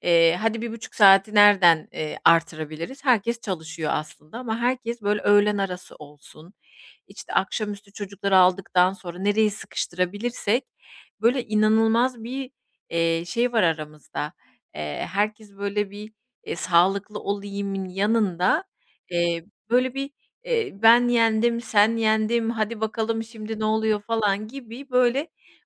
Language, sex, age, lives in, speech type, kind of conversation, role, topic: Turkish, female, 40-44, Spain, conversation, advice, user, İş ve ev sorumlulukları yüzünden hobilerime zaman ayıramıyorum; ne yapmalıyım?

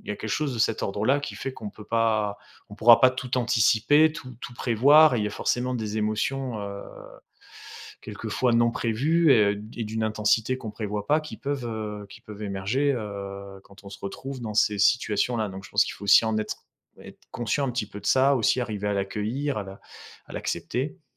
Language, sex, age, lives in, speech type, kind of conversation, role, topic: French, male, 35-39, France, conversation, advice, advisor, Comment puis-je évaluer le coût émotionnel de mes choix pour éviter de retomber dans de mauvaises habitudes ?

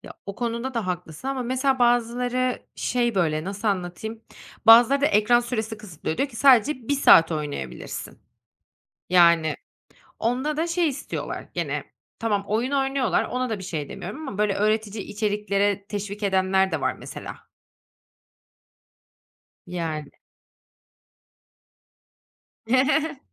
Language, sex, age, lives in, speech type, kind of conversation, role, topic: Turkish, female, 30-34, Netherlands, conversation, podcast, host, İnternetten öğrenmenin artıları ve eksileri hakkında ne düşünüyorsun?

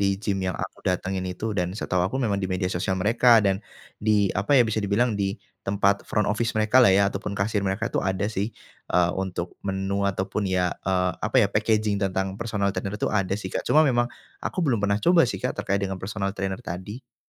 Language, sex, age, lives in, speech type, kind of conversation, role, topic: Indonesian, male, 25-29, Indonesia, conversation, advice, user, Bagaimana cara mengatasi rasa takut pergi ke gym karena merasa dinilai orang lain?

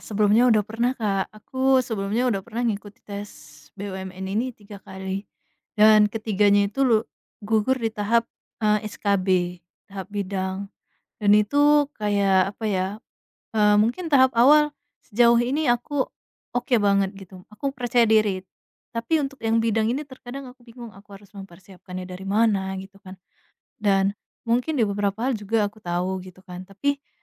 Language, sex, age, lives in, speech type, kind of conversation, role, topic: Indonesian, female, 25-29, Indonesia, conversation, advice, user, Bagaimana cara mengatasi rasa takut gagal saat ekspektasi dari orang lain dan diri sendiri terasa sangat tinggi?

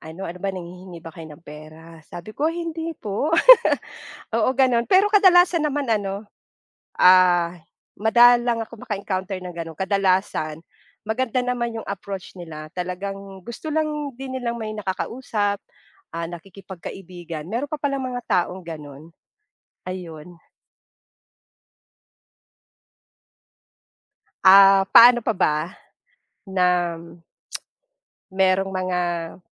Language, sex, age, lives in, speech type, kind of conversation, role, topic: Filipino, female, 45-49, Philippines, conversation, podcast, guest, Paano mo matutulungan ang kapitbahay na tila nag-iisa?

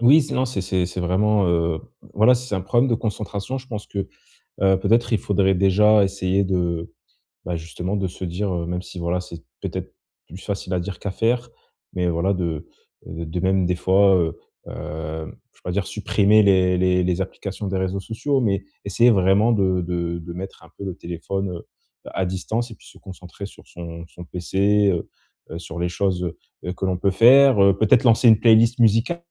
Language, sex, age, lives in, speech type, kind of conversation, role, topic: French, male, 25-29, France, conversation, advice, advisor, Comment puis-je rester concentré malgré mon smartphone et les réseaux sociaux autour de moi ?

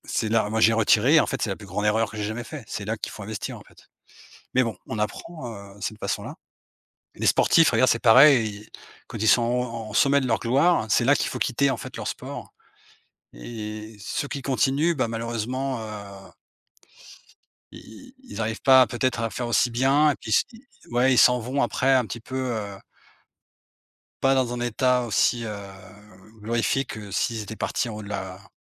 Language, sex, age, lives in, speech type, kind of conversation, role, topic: French, male, 45-49, Portugal, conversation, unstructured, none, Que pensez-vous de l’idée d’épargner régulièrement ?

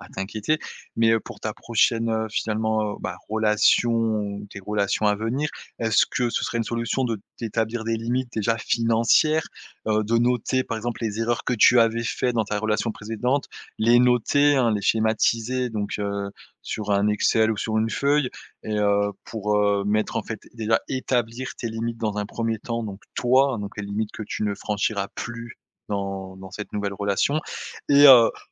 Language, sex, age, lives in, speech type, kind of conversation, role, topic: French, male, 30-34, France, conversation, advice, advisor, Comment puis-je recommencer à aimer et à faire confiance aux autres après une rupture ?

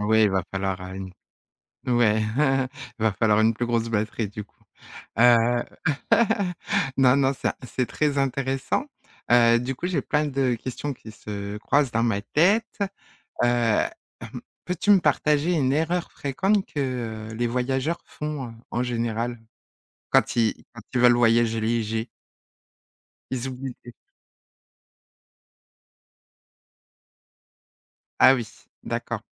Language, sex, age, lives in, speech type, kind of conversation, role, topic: French, female, 40-44, France, conversation, podcast, host, Comment voyager léger tout en respectant la nature ?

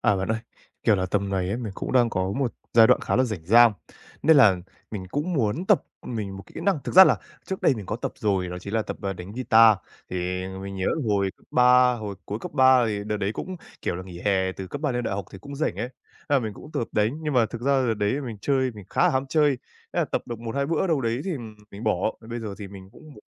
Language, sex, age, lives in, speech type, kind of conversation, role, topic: Vietnamese, male, 20-24, Japan, conversation, advice, user, Làm sao tôi có thể vượt qua thói quen trì hoãn để luyện kỹ năng đều đặn mỗi ngày?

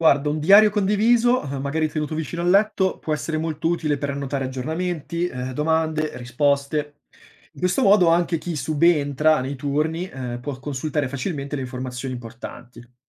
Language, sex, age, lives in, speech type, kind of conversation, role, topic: Italian, male, 25-29, Italy, read, expert-assistant, expert, In che modo medici e pazienti possono collaborare per rendere gli ospedali più sicuri per tutti?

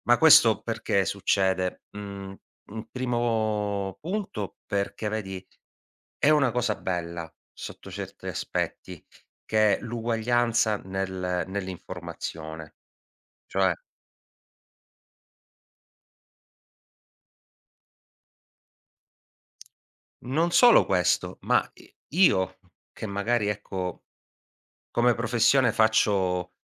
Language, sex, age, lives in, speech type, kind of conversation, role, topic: Italian, male, 40-44, Italy, conversation, podcast, guest, Qual è il ruolo dei social nella diffusione delle fake news?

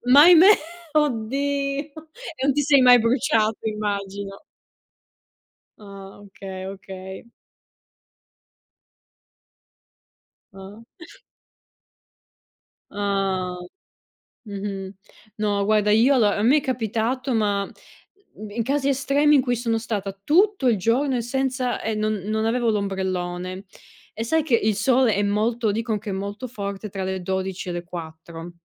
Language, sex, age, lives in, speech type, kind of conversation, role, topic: Italian, female, 30-34, Italy, conversation, unstructured, none, Che cosa ti rende felice quando sei vicino al mare?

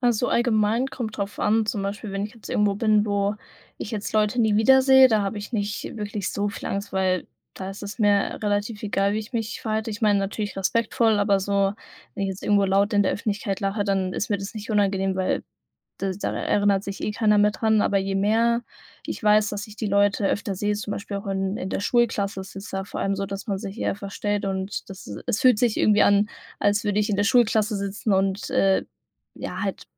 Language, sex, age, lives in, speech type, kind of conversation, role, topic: German, female, 18-19, Germany, conversation, advice, user, Wie verstellst du dich, um in einer Gruppe akzeptiert zu werden?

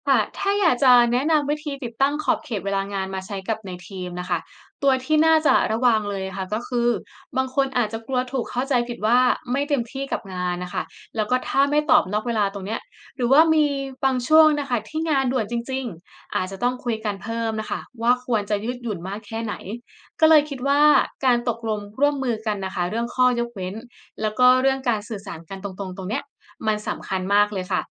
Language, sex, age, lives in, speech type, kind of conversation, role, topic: Thai, female, 25-29, Thailand, read, expert-assistant, asker, คนไทยกับ ‘การแบ่งเวลา’: ทำไมบางวัฒนธรรมถึงเน้นการทำงานหลายอย่างพร้อมกัน ในขณะที่บางที่เชื่อว่าควรโฟกัสทีละอย่าง?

